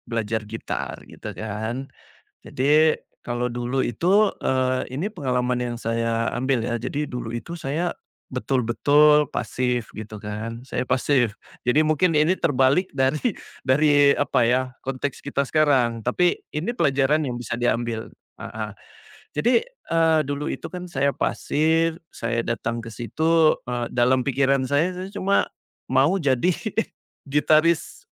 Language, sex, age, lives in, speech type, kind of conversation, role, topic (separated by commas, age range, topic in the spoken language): Indonesian, male, 40-44, Indonesia, conversation, podcast, guest, Bagaimana cara menjadi mentee yang proaktif?